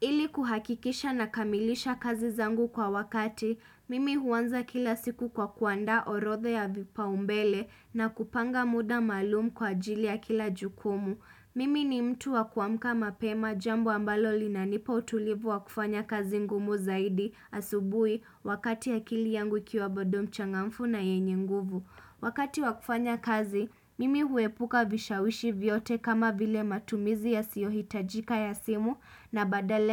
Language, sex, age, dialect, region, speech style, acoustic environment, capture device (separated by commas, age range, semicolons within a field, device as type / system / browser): Swahili, female, 25-34, Kenya - Mombasa, Kenya, happy; relaxed; excited; focused, silence, desktop / Windows / Chrome